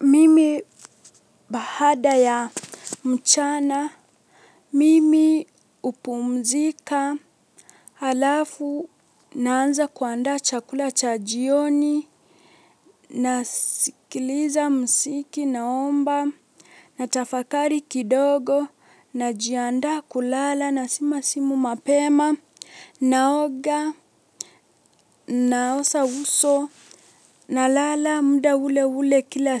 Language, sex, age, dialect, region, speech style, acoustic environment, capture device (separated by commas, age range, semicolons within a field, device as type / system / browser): Swahili, female, 18-24, Kenya - Mombasa, Kenya, relaxed, silence; nature, mobile / Linux / Chrome